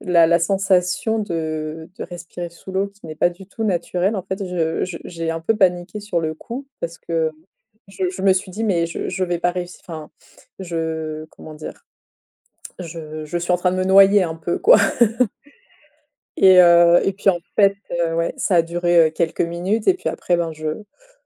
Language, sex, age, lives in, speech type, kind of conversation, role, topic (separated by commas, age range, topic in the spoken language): French, female, 30-34, France, conversation, podcast, guest, Quel nouveau loisir as-tu commencé récemment ?